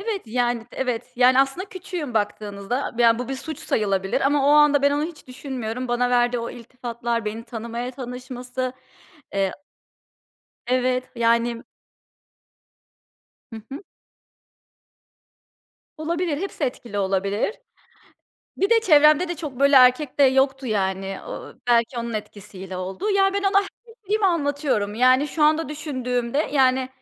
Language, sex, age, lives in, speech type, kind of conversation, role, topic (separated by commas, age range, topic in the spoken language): Turkish, female, 30-34, United States, conversation, podcast, guest, Hayatında aldığın en iyi öğüt neydi?